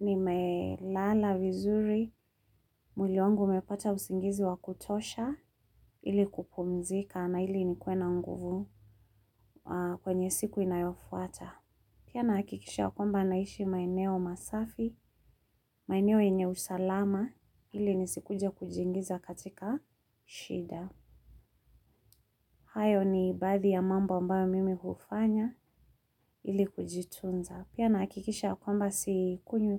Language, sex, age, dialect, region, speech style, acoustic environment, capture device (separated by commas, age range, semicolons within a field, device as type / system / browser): Swahili, female, 35-44, Kenya - Nairobi Sheng-influenced, Kenya, relaxed, construction, mobile / Linux / Chrome